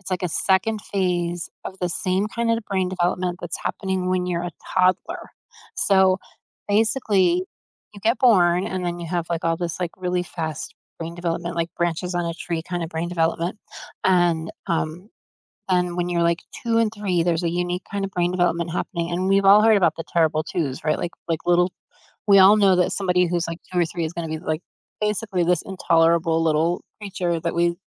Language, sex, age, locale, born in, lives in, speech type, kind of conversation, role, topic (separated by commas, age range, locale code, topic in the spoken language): English, female, 50-54, en-US, United States, United States, conversation, unstructured, none, How do your morning and evening routines help you feel grounded and connected to others?